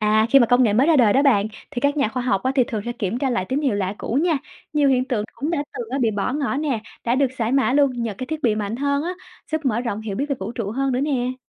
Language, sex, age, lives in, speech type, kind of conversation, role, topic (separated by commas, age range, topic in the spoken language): Vietnamese, female, 25-29, Vietnam, read, expert-assistant, expert, Khi kính thiên văn gặp giới hạn trong việc quan sát các vật thể xa xôi, các nhà khoa học đã nghĩ ra cách nào để khắc phục?